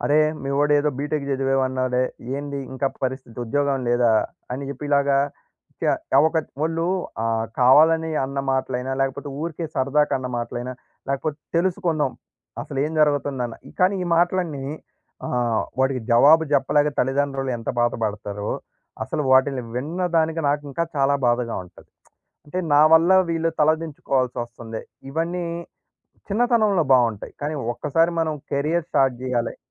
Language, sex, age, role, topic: Telugu, male, 20-24, guest, మీరు ఇతరులను మీ దీర్ఘకాలిక నిర్ణయాల్లో ఎలా భాగస్వాములను చేస్తారు?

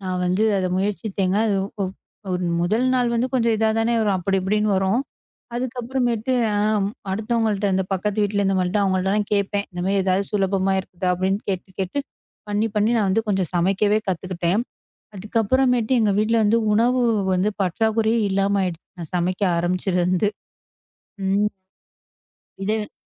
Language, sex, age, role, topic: Tamil, female, 25-29, guest, பள்ளிக் கால உணவுகளுக்கான ஒரு நினைவைக் கூற முடியுமா?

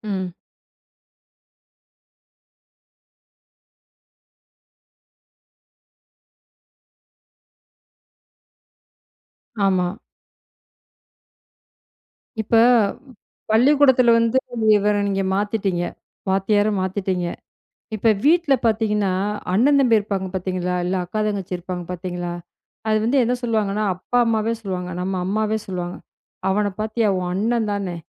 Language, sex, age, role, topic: Tamil, female, 35-39, host, மற்றவர்களுடன் உங்களை ஒப்பிடாமல் வாழ வேண்டும் என்ற எண்ணம் உங்களுக்கு எப்படி வந்தது?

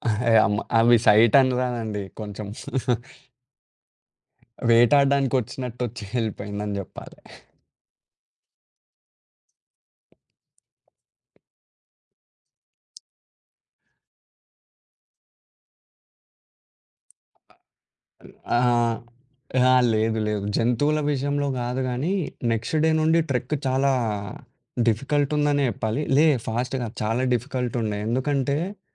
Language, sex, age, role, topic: Telugu, male, 20-24, guest, మీ జీవితాన్ని మార్చిన ఒక ప్రయాణం గురించి చెప్పగలరా?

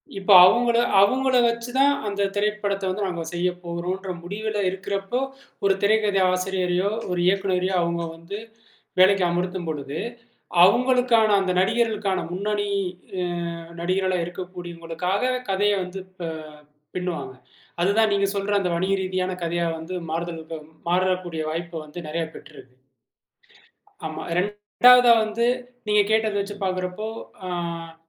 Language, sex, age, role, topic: Tamil, male, 35-39, guest, கதையில் நாயகனின் மாற்றப் பயணத்தை இயல்பாகவும் தாக்கத்துடனும் எப்படிச் வடிவமைப்பது?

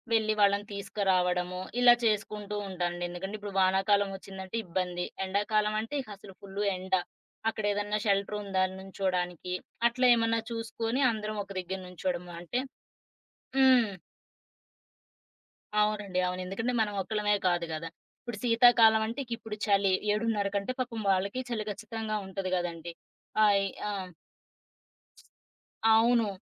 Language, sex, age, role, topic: Telugu, female, 30-34, guest, పిల్లలను పాఠశాలకి సిద్ధం చేసే రోజువారీ ఉదయపు రొటీన్‌ను దశలవారీగా వివరించగలరా?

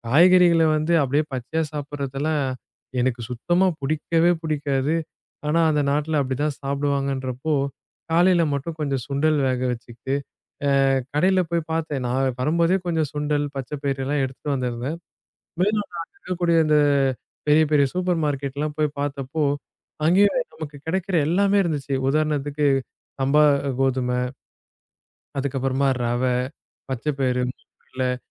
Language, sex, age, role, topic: Tamil, male, 20-24, guest, இந்தியாவில் அல்லது வெளிநாட்டில் வேலை மாறும்போது கவனிக்க வேண்டியவை என்ன?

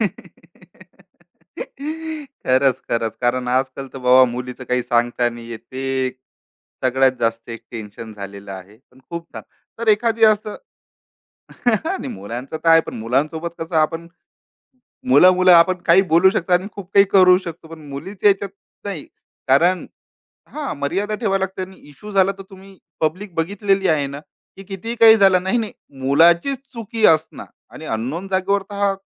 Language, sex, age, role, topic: Marathi, male, 35-39, host, एकट्याने प्रवास करताना मित्र कसे बनवलेस?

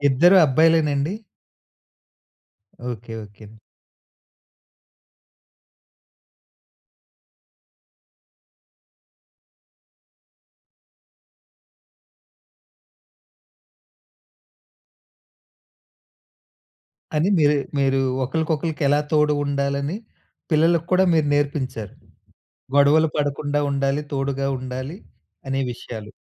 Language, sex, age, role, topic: Telugu, male, 35-39, host, మీకు తోడుగా ఉండే వాళ్ల గురించి చెప్పగలరా?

